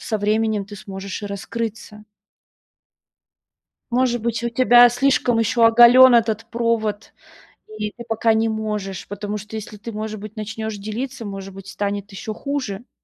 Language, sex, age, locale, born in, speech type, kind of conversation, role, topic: Russian, female, 30-34, ru-RU, Russia, conversation, advice, advisor, Как перестать бояться просить о помощи, когда мне эмоционально тяжело?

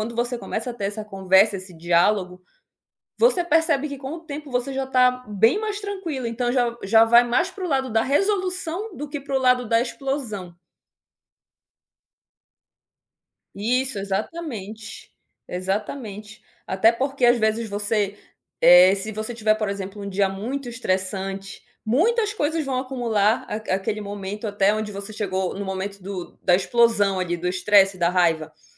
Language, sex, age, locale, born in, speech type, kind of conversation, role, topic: Portuguese, female, 30-34, pt-BR, Brazil, conversation, podcast, guest, Como vocês resolvem brigas em casa sem explodir?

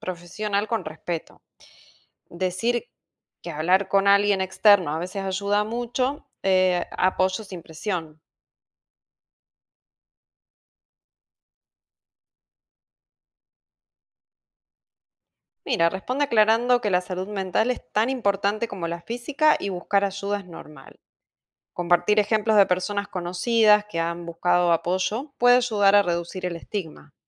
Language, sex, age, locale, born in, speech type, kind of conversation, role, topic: Spanish, female, 40-44, es-AR, Argentina, read, expert-assistant, expert, ¿Cuáles son las razones por las que las personas evitan buscar ayuda para problemas de salud mental?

